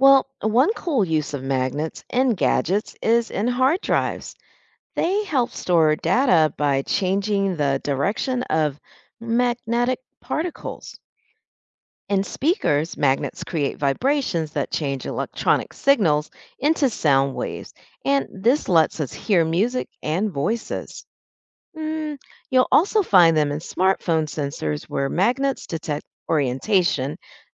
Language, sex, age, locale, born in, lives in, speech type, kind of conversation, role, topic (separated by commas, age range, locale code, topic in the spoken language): English, female, 50-54, en-US, United States, United States, read, expert-assistant, expert, How do magnets attract certain materials?